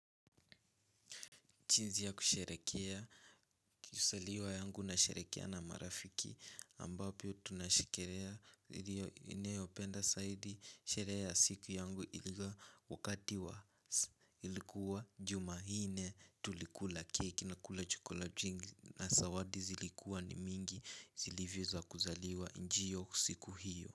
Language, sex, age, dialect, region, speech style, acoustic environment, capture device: Swahili, male, 18-24, Kenya - Nairobi Sheng-influenced, Kenya, nervous; excited; focused; relaxed, music; nature, mobile / Linux / Chrome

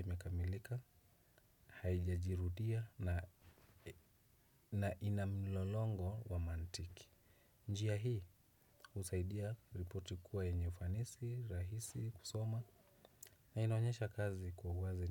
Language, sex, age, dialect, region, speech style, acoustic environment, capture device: Swahili, male, 25-34, Kenya - Nairobi Sheng-influenced, Kenya, relaxed; happy, nature; silence, desktop / Windows / Chrome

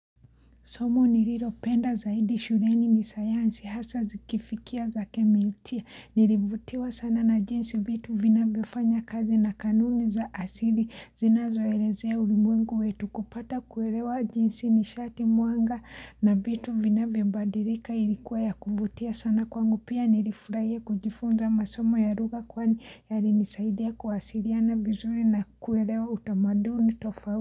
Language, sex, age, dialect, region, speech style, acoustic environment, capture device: Swahili, female, 25-34, Kenya - Mombasa, Kenya, happy, silence, mobile / Linux / Chrome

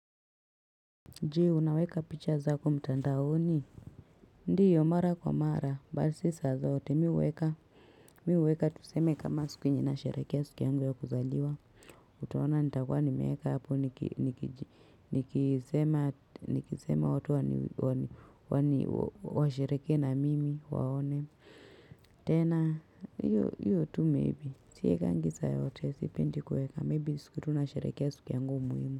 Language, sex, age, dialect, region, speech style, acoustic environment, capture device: Swahili, female, 25-34, Kenya - Nairobi Sheng-influenced, Kenya, happy, silence, mobile / Linux / Chrome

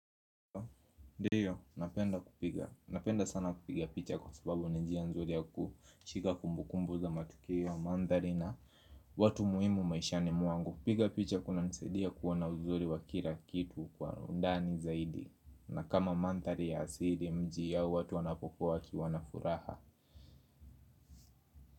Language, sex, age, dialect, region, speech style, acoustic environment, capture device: Swahili, male, 25-34, Kenya - Nairobi Sheng-influenced, Kenya, happy; focused, music; nature, mobile / Linux / Chrome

